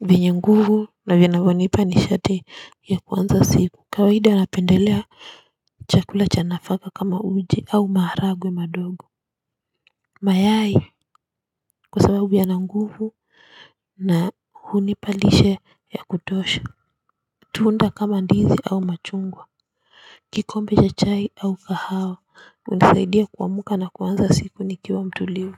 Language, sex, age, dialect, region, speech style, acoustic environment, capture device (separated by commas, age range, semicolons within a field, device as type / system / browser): Swahili, female, 35-44, Kenya - Nairobi Sheng-influenced, Kenya, focused, construction, mobile / Linux / Chrome